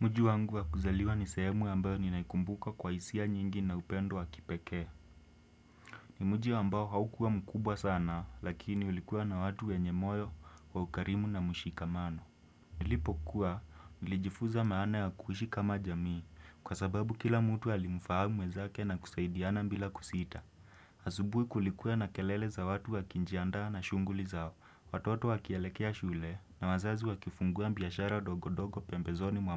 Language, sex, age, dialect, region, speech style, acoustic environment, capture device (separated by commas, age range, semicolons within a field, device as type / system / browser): Swahili, male, 18-24, Kenya - Nairobi Sheng-influenced, Kenya, relaxed, silence, desktop / Windows / Chrome